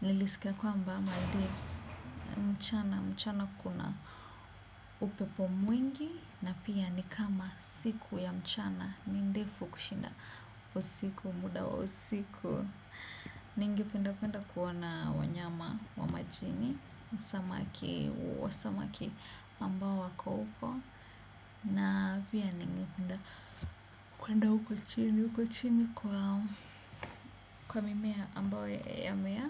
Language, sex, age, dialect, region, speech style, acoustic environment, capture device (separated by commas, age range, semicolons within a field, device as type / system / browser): Swahili, female, 25-34, Kenya - Nairobi Sheng-influenced, Kenya, nervous; focused, appliances; silence, desktop / Windows / Chrome